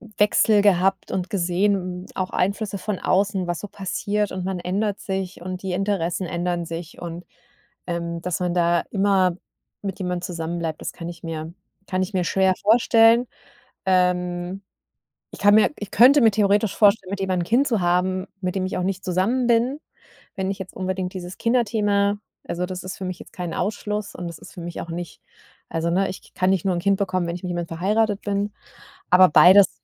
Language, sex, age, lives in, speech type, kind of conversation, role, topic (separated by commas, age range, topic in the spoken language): German, female, 40-44, Germany, conversation, advice, user, Wie gehe ich mit dem Druck um, zu heiraten oder Kinder zu bekommen, weil andere es von mir erwarten?